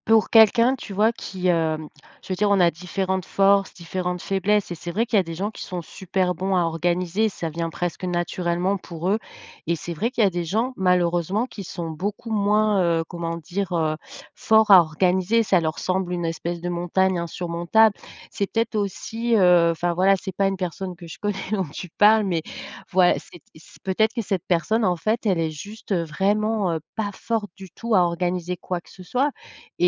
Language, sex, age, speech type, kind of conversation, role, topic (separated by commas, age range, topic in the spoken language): French, female, 45-49, conversation, podcast, host, Comment poser des limites saines sans culpabiliser ?